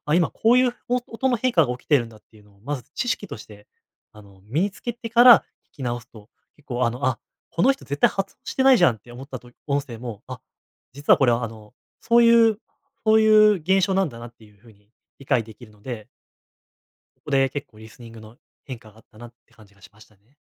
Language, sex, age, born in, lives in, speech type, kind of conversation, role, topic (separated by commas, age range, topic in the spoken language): Japanese, male, 20-24, Japan, Japan, conversation, podcast, guest, 自学が続かない人には、どんなアドバイスが効果的ですか？